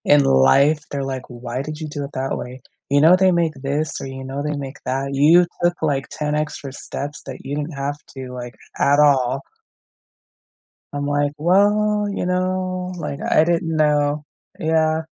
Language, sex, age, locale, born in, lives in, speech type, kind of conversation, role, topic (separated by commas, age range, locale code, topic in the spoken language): English, female, 35-39, en-US, United States, United States, conversation, unstructured, none, What is the happiest birthday you remember, and what made it special?